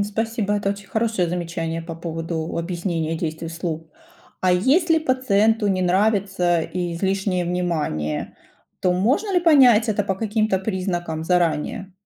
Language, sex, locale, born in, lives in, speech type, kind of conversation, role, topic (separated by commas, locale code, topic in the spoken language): Russian, female, ru-RU, Ukraine, United States, read, expert-assistant, asker, Как медсестре находить общий язык с разными пациентами и их родственниками, чтобы избежать недопонимания?